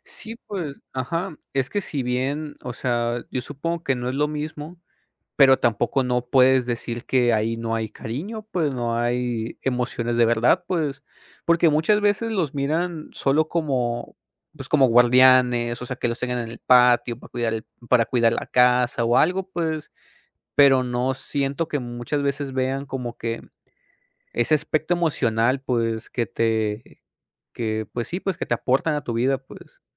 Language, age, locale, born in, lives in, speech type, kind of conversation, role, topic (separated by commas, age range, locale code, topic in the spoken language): Spanish, 25-29, es-MX, Mexico, Mexico, conversation, unstructured, none, ¿Cuál es tu animal doméstico favorito y por qué?